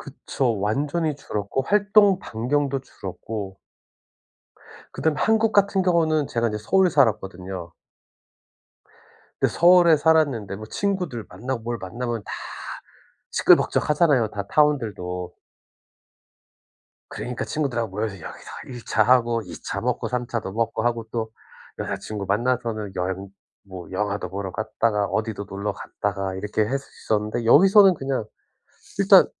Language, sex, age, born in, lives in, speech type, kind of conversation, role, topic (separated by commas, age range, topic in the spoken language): Korean, male, 40-44, South Korea, United States, conversation, advice, user, 새로운 도시에서 외로움을 극복하고 사회적 관계를 어떻게 만들 수 있나요?